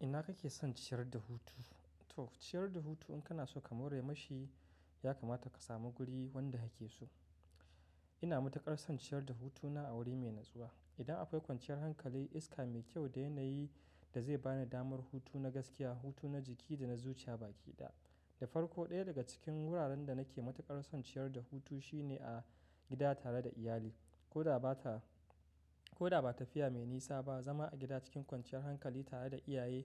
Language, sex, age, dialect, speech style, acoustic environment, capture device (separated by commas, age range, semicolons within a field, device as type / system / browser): Hausa, male, 25-34, Nigeria - Katsina, happy, nature; silence, mobile / macOS / Safari